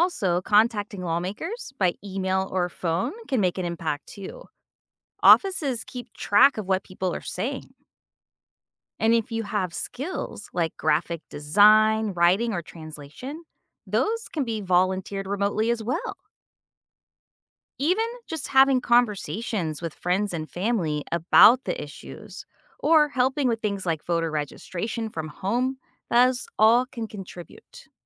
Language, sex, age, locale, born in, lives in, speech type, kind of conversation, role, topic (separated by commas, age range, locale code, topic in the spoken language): English, female, 45-49, en-US, United States, United States, read, expert-assistant, expert, How can people support social movements without protesting?